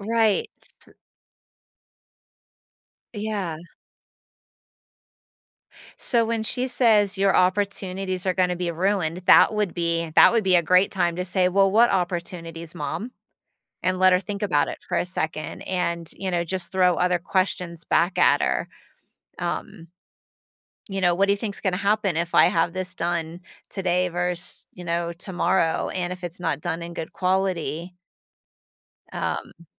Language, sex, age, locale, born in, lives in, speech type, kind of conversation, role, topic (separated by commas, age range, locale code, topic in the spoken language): English, female, 45-49, en-US, United States, United States, conversation, advice, advisor, How can I cope with pressure to meet others' expectations without losing my sense of self?